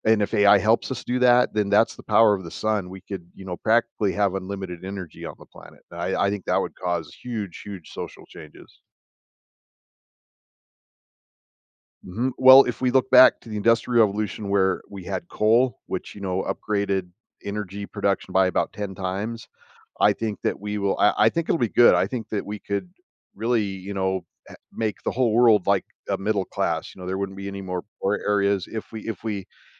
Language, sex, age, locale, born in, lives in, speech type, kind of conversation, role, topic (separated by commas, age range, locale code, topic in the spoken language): English, male, 55-59, en-US, United States, United States, conversation, podcast, guest, How has technology changed the way societies develop and remember their past?